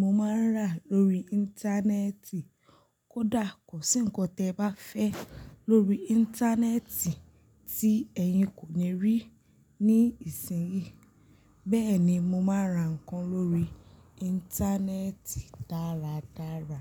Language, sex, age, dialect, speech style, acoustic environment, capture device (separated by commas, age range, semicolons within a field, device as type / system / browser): Yoruba, female, 25-34, Nigeria - Lagos Yoruba, focused, silence, mobile / Linux / Chrome